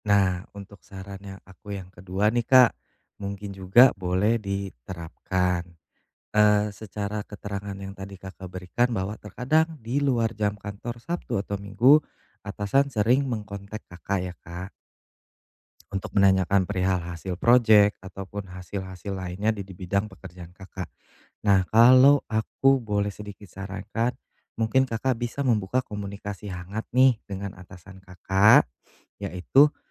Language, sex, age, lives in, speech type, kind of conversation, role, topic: Indonesian, male, 35-39, Indonesia, conversation, advice, advisor, Bagaimana cara mengejar hobi saat saya merasa tidak punya cukup waktu?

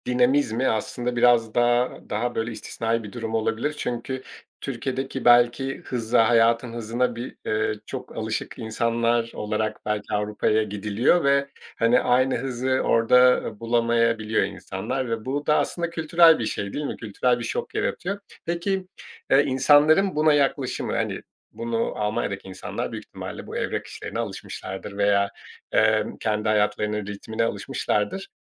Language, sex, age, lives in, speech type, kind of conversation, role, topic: Turkish, male, 40-44, Portugal, conversation, podcast, host, Yeni bir kültüre alışırken en sık hangi zorluklarla karşılaşılır?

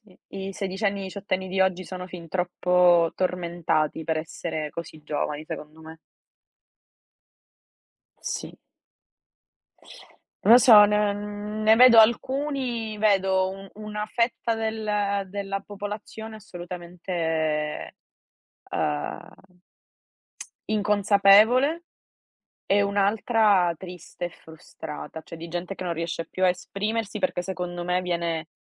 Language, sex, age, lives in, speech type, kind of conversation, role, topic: Italian, female, 60-64, Italy, conversation, unstructured, none, Cosa ti rende felice quando studi?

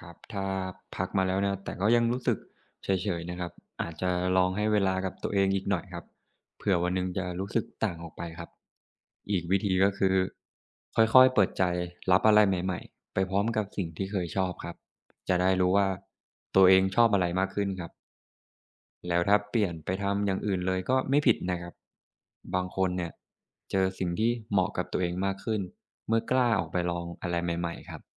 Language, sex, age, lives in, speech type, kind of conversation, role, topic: Thai, male, 25-29, Thailand, read, expert-assistant, expert, ทำไมเราจึงรู้สึกตื่นเต้นกับการเริ่มต้นใหม่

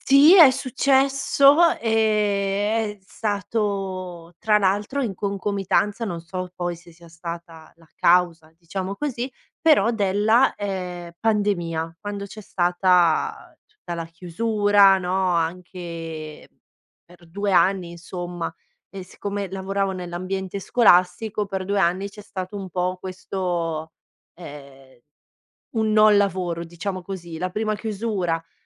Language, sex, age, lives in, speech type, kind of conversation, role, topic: Italian, female, 30-34, Italy, conversation, podcast, guest, Raccontami di un momento in cui hai dovuto reinventarti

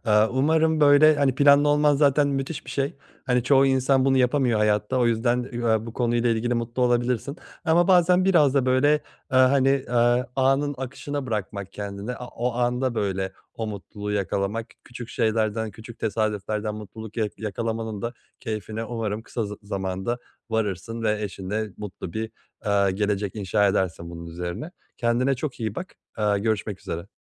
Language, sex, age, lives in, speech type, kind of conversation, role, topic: Turkish, male, 30-34, Germany, conversation, advice, advisor, Beklenmedik plan değişikliklerine nasıl daha iyi uyum sağlayabilirim?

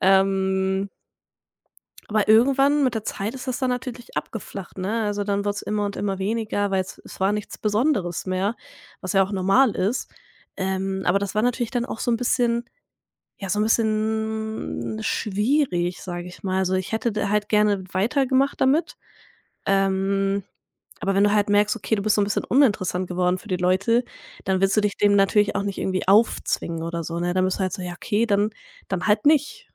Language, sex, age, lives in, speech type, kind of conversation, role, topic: German, female, 20-24, Germany, conversation, podcast, guest, Wie hast du deine Arbeit zum ersten Mal veröffentlicht?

